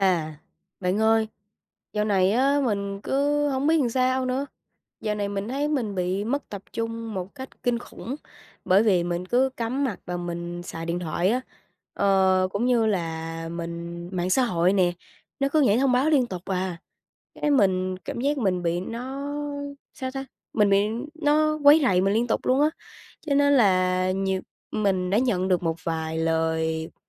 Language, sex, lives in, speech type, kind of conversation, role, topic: Vietnamese, female, Vietnam, conversation, advice, user, Làm sao để tập trung khi điện thoại và mạng xã hội liên tục làm bạn xao nhãng?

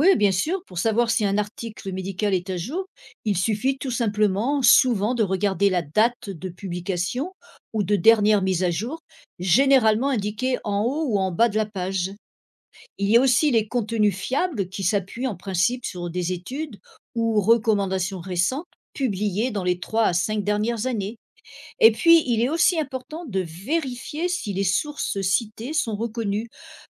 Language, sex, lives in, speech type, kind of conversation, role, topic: French, female, United States, read, expert-assistant, expert, Comment reconnaître une information médicale fiable sur Internet ?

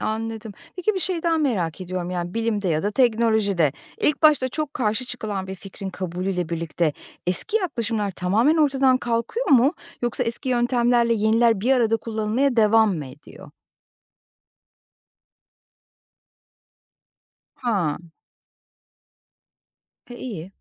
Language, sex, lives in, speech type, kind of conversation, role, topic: Turkish, female, Poland, read, expert-assistant, asker, Evrenin sırlarını anlamak için bilim insanları hangi yolları izliyor? Hangi yaklaşım daha fazla merak uyandırıyor?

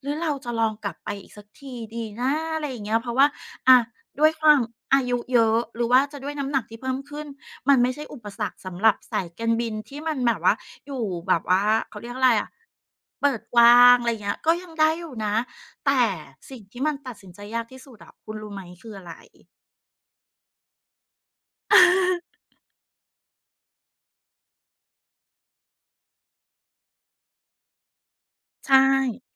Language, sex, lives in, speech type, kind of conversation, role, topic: Thai, female, Thailand, conversation, podcast, guest, ช่วงเวลาไหนในชีวิตที่คุณต้องตัดสินใจยากที่สุด?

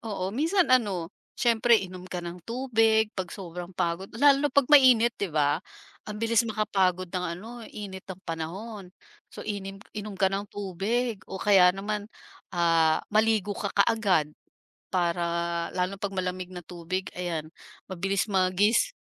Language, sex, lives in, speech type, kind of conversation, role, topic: Filipino, female, Philippines, conversation, podcast, guest, Paano mo malalaman kung talagang pagod na pagod na ang katawan mo?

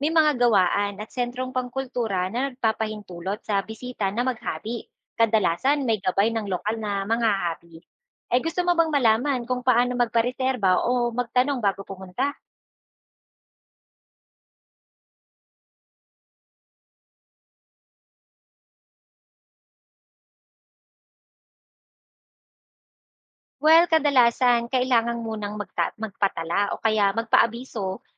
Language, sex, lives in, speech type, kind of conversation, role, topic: Filipino, female, Philippines, read, expert-assistant, expert, Ano ang pinakamadaling paraan para makakita ng mga aktwal na halimbawa ng folk arts mula sa iba’t ibang probinsya?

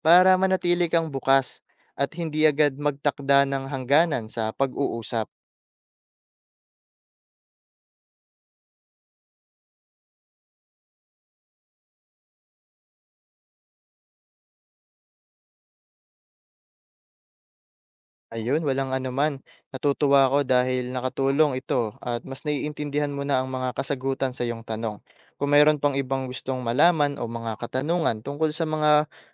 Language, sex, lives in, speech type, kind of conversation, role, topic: Filipino, male, Philippines, read, expert-assistant, expert, Bakit mahalaga ang pag-unawa sa mga paniniwala ng ibang tao?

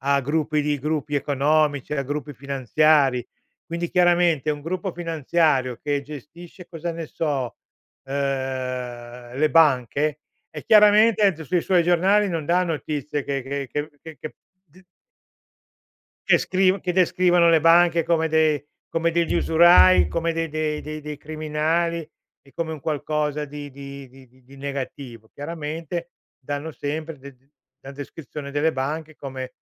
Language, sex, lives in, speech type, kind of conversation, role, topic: Italian, male, Italy, conversation, podcast, guest, Come fai a verificare se una notizia online è affidabile?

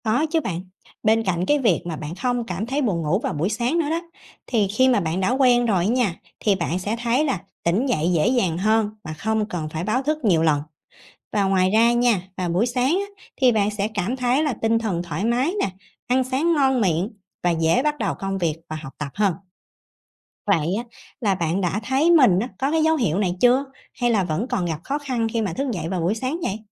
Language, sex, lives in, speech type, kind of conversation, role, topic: Vietnamese, female, Vietnam, read, expert-assistant, expert, Tại sao khi học bài khuya, chúng ta dễ buồn ngủ?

